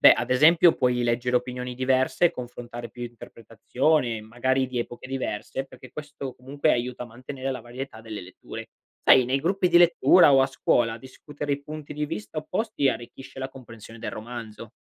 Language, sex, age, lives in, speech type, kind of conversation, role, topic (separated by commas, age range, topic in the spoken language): Italian, male, 25-29, Italy, read, expert-assistant, expert, Cosa può andare storto quando cerchiamo di capire il messaggio di un romanzo famoso?